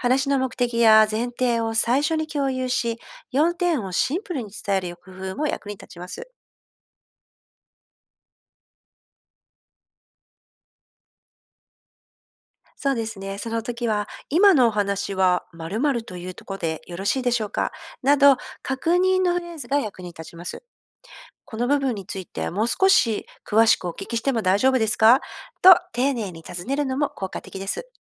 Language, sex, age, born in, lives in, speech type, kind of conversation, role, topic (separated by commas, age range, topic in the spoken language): Japanese, female, 50-54, Japan, Japan, read, expert-assistant, expert, 感情を理解する力と伝える力、どちらを優先して伸ばすと良いでしょうか？